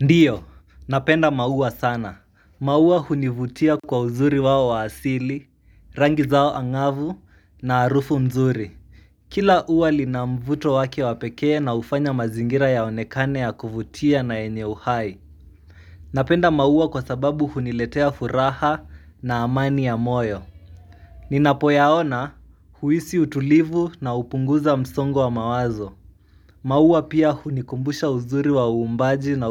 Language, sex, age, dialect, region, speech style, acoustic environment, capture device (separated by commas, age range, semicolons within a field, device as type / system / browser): Swahili, male, 18-24, Kenya - Nairobi Sheng-influenced, Kenya, excited, silence, mobile / Linux / Chrome